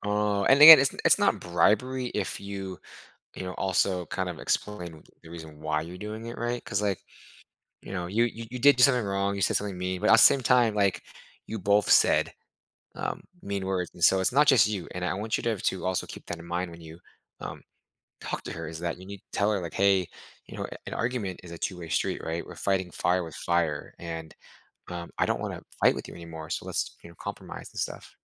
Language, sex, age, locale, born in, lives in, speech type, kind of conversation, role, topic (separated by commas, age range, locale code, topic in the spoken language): English, male, 30-34, en-US, United States, United States, conversation, advice, advisor, How can I repair my relationship after saying hurtful things in anger?